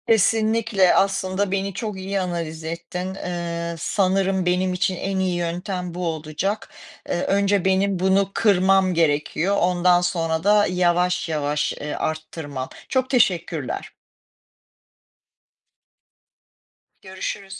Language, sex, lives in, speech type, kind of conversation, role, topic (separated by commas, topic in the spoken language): Turkish, female, United States, conversation, advice, user, Neden düzenli egzersiz yapmayı başaramıyorum?